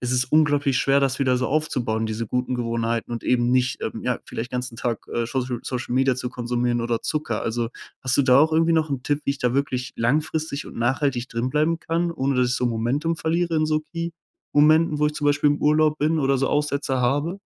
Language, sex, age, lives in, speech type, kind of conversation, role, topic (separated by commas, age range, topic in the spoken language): German, male, 20-24, Germany, conversation, advice, user, Wie kann ich starke, plötzlich auftretende Gelüste besser kontrollieren?